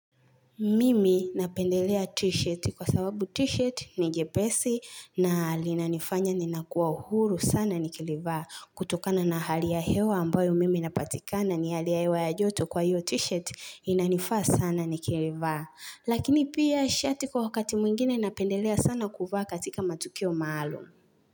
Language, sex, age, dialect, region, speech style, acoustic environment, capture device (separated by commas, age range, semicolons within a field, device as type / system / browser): Swahili, female, 18-24, Tanzania - Dar es Salaam, Tanzania, happy; relaxed, nature, mobile / Linux / Chrome